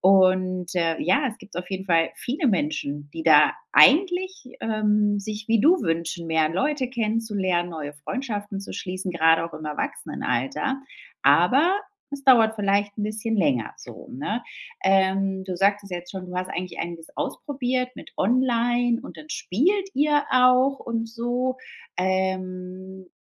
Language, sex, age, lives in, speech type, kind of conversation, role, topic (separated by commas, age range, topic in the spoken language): German, female, 35-39, Spain, conversation, advice, advisor, Wie finde ich in meiner neuen Stadt Menschen, mit denen ich langfristige Freundschaften aufbauen kann?